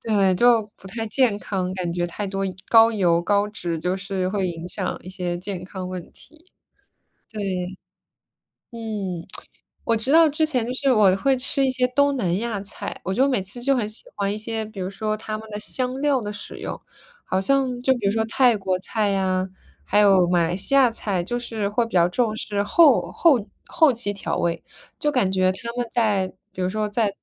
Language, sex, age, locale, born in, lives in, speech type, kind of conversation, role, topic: Chinese, female, 25-29, zh-CN, United States, United States, conversation, unstructured, none, 你有没有尝试过用新的食材做菜？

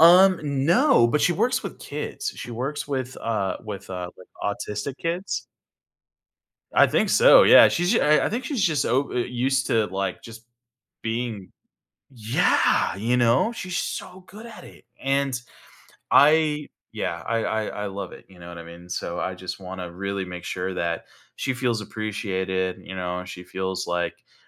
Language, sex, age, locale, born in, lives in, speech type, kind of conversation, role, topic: English, male, 30-34, en-US, United States, United States, conversation, advice, user, How can I deepen my meaningful relationships and build stronger emotional connections?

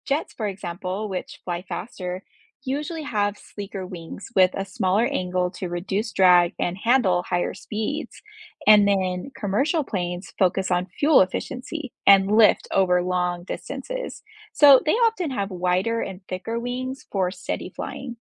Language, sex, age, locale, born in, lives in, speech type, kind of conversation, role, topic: English, female, 30-34, en-US, United States, United States, read, expert-assistant, expert, How do airplanes stay in the sky?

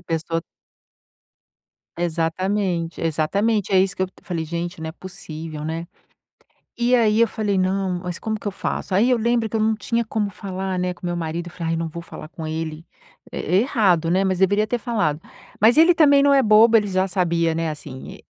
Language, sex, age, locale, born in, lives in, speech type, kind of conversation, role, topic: Portuguese, female, 50-54, pt-BR, Brazil, United States, conversation, advice, user, Como posso estabelecer limites sem acabar com uma amizade?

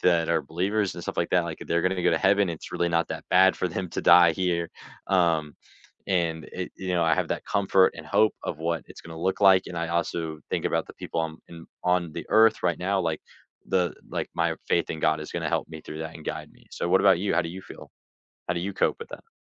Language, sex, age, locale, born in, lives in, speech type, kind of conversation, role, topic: English, male, 18-19, en-US, United States, United States, conversation, unstructured, none, What unexpected lessons can grief teach us?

